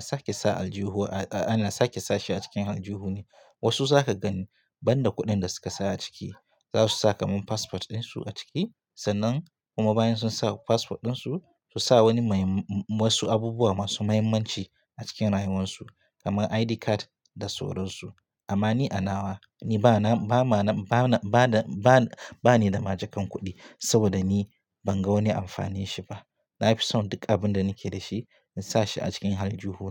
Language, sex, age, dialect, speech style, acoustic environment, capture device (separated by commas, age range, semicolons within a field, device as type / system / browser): Hausa, male, 25-34, Nigeria - Katsina, happy, silence, mobile / Linux / Chrome